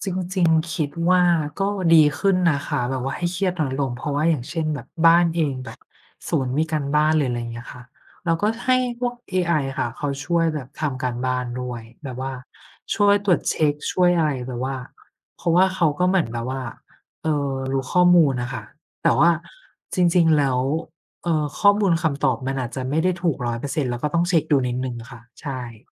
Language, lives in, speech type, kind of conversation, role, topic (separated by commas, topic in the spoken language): Thai, Thailand, conversation, unstructured, none, คุณรับมือกับความเครียดในแต่ละวันอย่างไร?